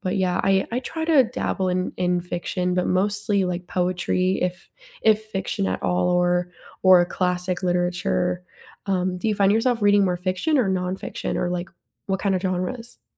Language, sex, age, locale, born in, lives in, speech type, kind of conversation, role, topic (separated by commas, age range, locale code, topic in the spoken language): English, female, 20-24, en-US, United States, United States, conversation, unstructured, none, What hobby would you realistically love to start this year?